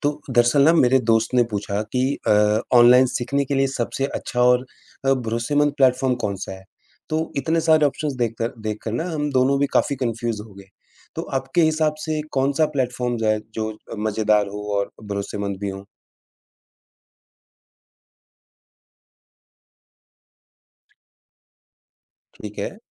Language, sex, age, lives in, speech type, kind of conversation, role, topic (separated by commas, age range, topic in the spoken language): Hindi, male, 35-39, India, read, expert-assistant, asker, सीखने के बारे में नई-नई बातें जानने के लिए कौन-कौन सी वेबसाइट या चैनल सबसे मज़ेदार और भरोसेमंद हैं?